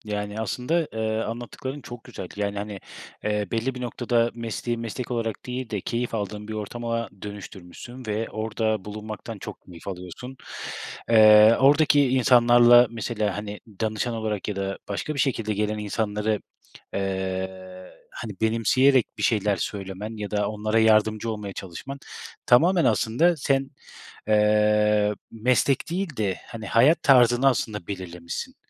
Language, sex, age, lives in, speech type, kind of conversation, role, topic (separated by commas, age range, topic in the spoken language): Turkish, male, 30-34, Germany, conversation, podcast, host, Başarısızlıklar öğrenme sürecini nasıl etkiledi?